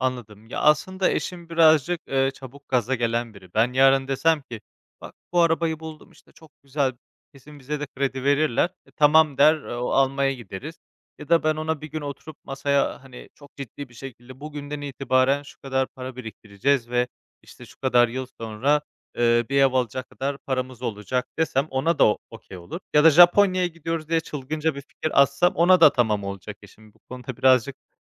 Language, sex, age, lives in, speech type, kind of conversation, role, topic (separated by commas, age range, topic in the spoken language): Turkish, male, 25-29, Spain, conversation, advice, user, Uzun vadeli hedeflerim için kısa vadeli zevklerden nasıl feragat edebilirim?